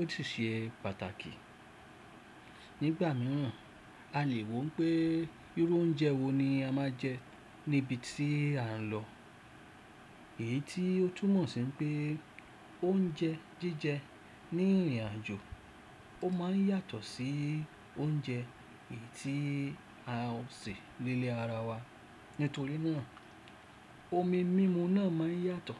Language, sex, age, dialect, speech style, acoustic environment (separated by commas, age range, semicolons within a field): Yoruba, male, 35-44, Nigeria - Lagos Yoruba, happy; excited; focused; relaxed, silence